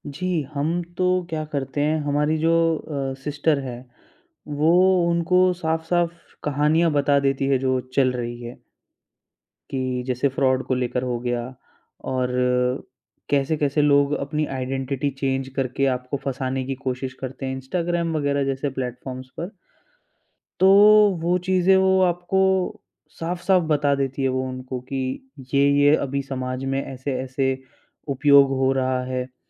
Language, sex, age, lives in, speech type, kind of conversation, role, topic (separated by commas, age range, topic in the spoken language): Hindi, male, 25-29, India, conversation, podcast, guest, Elderly ko technology adopt karne mein aap unki kaise madad karte hain?